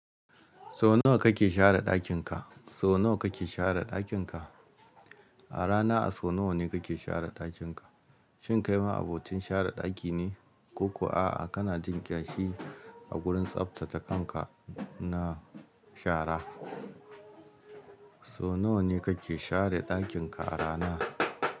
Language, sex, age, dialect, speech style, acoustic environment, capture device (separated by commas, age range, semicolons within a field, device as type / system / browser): Hausa, male, 35-44, Nigeria - Kano, happy, silence, mobile / Linux / Chrome